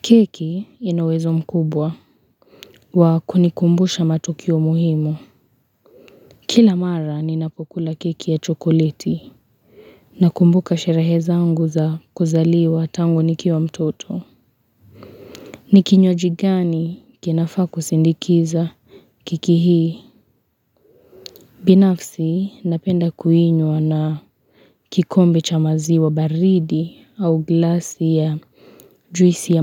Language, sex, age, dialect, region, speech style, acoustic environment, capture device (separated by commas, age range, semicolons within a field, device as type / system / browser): Swahili, female, 25-34, Kenya - Nairobi Sheng-influenced, Kenya, relaxed, silence; nature, mobile / Linux / Chrome